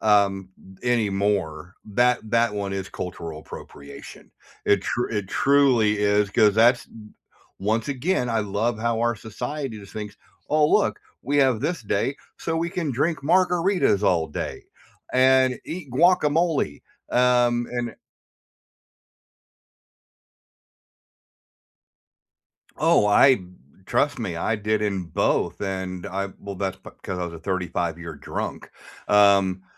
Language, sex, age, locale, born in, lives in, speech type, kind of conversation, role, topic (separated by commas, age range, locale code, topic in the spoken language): English, male, 55-59, en-US, United States, United States, conversation, unstructured, none, How do holidays shape the way we connect with culture?